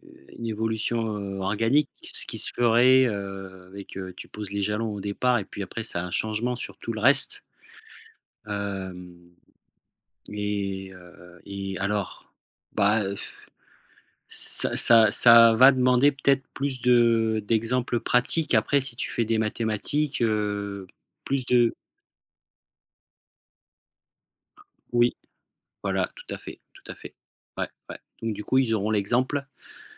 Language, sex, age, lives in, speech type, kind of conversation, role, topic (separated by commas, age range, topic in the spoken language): French, male, 40-44, France, conversation, podcast, guest, Comment l’école pourrait-elle mieux préparer les élèves à la vie réelle ?